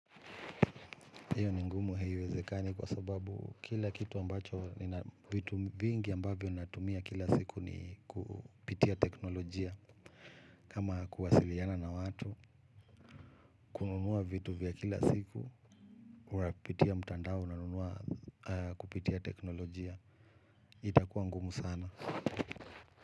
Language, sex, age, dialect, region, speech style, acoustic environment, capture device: Swahili, male, 35-44, Kenya - Nairobi Sheng-influenced, Kenya, relaxed, music, mobile / Linux / Chrome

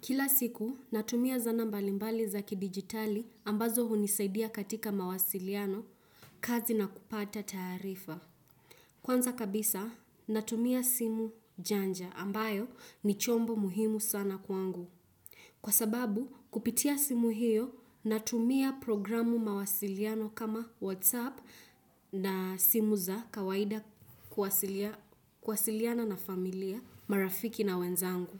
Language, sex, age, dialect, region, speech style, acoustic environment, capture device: Swahili, female, 18-24, Kenya - Nairobi Sheng-influenced, Kenya, focused; relaxed, nature, desktop / Windows / Chrome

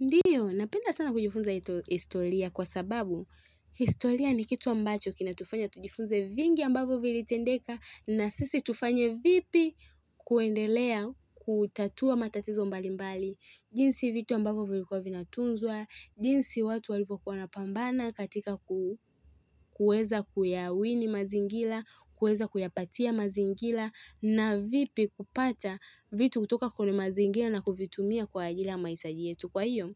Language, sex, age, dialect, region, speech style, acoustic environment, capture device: Swahili, female, 18-24, Tanzania - Dar es Salaam, Tanzania, happy, nature, mobile / Linux / Chrome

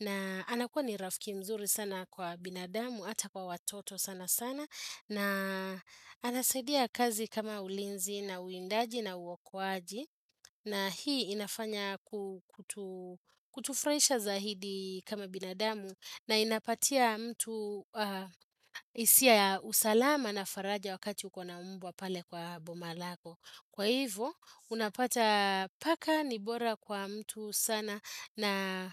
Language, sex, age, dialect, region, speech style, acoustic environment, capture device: Swahili, female, 35-44, Kenya - Nairobi Sheng-influenced, Kenya, happy; focused; relaxed, appliances, desktop / Windows / Chrome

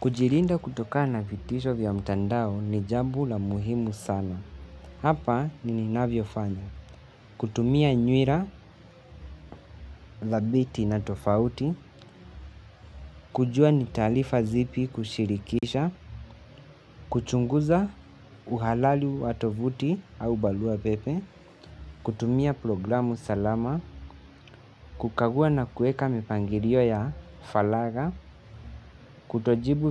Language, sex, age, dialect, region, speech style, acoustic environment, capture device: Swahili, male, 18-24, Kenya - Mombasa, Kenya, relaxed, appliances, mobile / Linux / Chrome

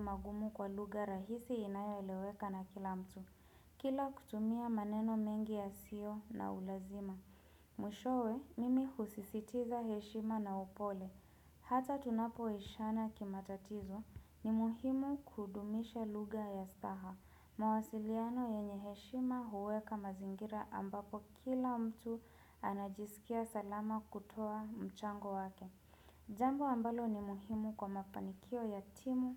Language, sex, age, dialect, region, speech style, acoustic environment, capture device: Swahili, female, 25-34, Kenya - Nairobi Sheng-influenced, Kenya, relaxed, people talking; nature; music; construction; appliances, desktop / Windows / Chrome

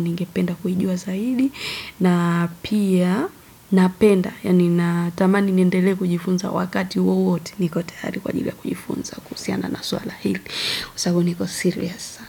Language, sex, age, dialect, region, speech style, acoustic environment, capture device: Swahili, female, 25-34, Tanzania - Dar es Salaam, Tanzania, happy, silence, desktop / Windows / Edge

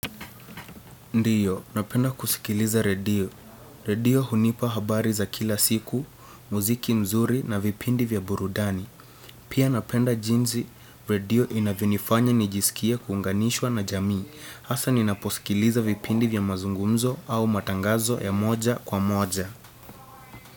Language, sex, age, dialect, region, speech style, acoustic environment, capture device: Swahili, male, 18-24, Kenya - Nairobi Sheng-influenced, Kenya, focused; relaxed, silence, desktop / Windows / Chrome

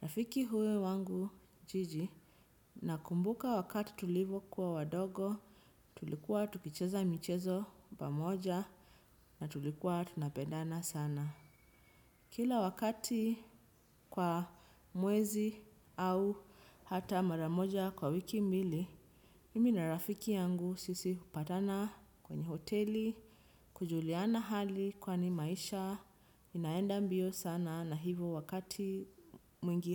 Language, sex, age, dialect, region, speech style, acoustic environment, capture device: Swahili, female, 25-34, Kenya - Nairobi Sheng-influenced, Kenya, relaxed, nature, desktop / Windows / Chrome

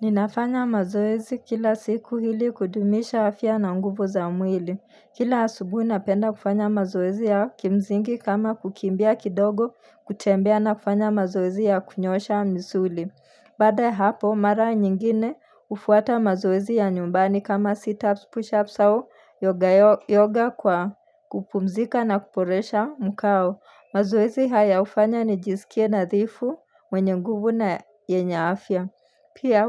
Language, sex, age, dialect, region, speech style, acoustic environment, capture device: Swahili, female, 25-34, Kenya - Nairobi Sheng-influenced, Kenya, relaxed, nature; silence, mobile / Linux / Chrome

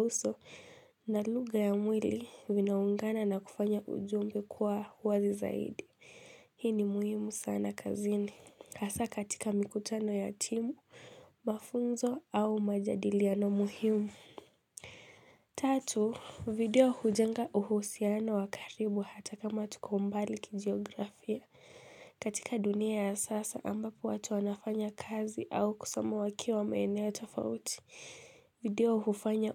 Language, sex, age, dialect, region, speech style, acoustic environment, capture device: Swahili, female, 18-24, Kenya - Mombasa, Kenya, tired, silence, mobile / Linux / Chrome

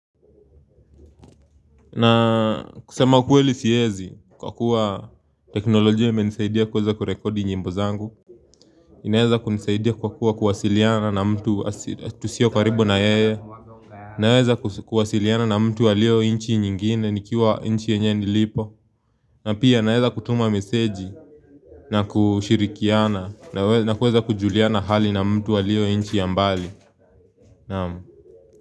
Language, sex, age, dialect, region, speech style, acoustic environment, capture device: Swahili, male, 18-24, Kenya - Nairobi Sheng-influenced, Kenya, focused; happy, people talking, mobile / Linux / Chrome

